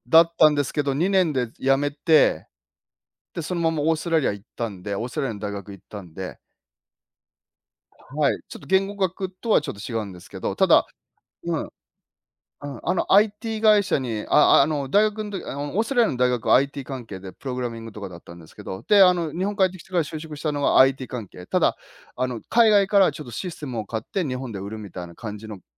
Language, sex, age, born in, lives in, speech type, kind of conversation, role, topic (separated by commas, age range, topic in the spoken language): Japanese, male, 50-54, Japan, Japan, conversation, unstructured, none, 仕事で一番やりがいを感じるのはどんな時ですか？